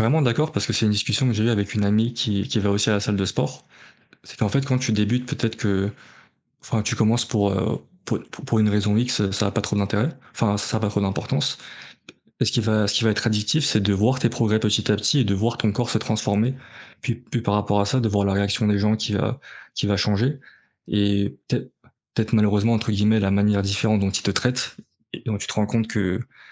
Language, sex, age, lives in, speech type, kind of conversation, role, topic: French, male, 20-24, France, conversation, unstructured, none, Comment peux-tu encourager quelqu’un à commencer une activité physique ?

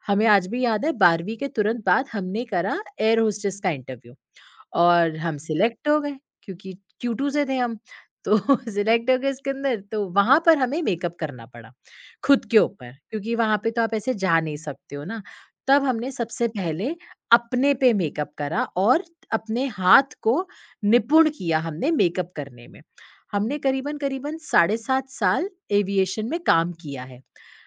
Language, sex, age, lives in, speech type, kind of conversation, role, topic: Hindi, female, 30-34, India, conversation, podcast, guest, क्या मेकअप आपको आत्मविश्वास देता है या प्राकृतिक रूप ज़्यादा पसंद है?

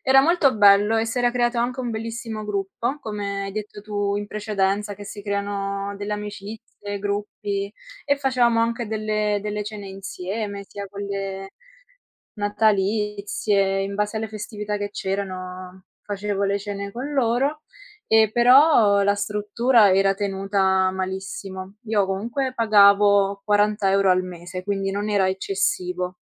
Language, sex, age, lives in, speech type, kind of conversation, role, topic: Italian, female, 20-24, Italy, conversation, unstructured, none, È giusto che le palestre siano così costose?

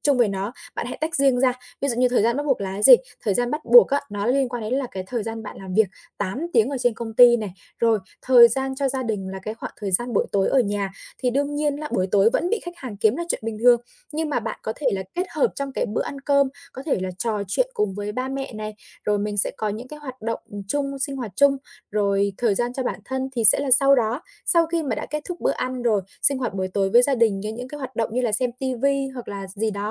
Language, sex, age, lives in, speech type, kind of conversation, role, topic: Vietnamese, female, 25-29, Vietnam, conversation, advice, advisor, Làm thế nào để khóa thời gian dành cho gia đình và nghỉ ngơi một cách hiệu quả?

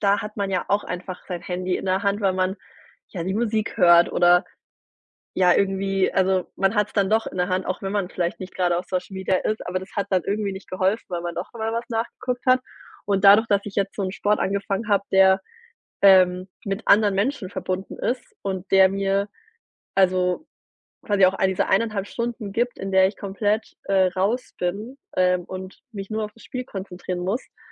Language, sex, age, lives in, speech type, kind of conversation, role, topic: German, female, 30-34, Germany, conversation, podcast, guest, Was hilft dir dabei, dich von Bildschirm und sozialen Medien zu lösen?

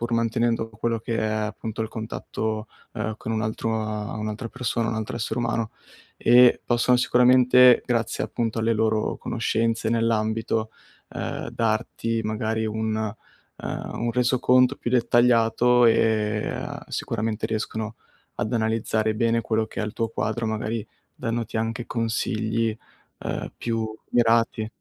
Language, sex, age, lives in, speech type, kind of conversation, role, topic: Italian, male, 20-24, Italy, conversation, advice, advisor, Come posso affrontare il disorientamento dopo molti cambiamenti e la sensazione di aver perso la normalità?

